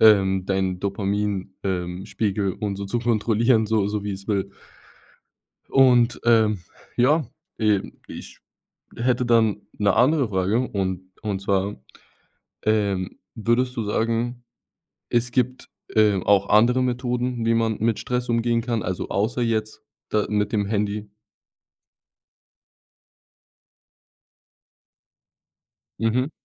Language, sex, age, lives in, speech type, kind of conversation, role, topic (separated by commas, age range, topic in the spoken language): German, male, 20-24, Germany, conversation, podcast, host, Welche Tricks helfen dir, Stress im Alltag zu reduzieren?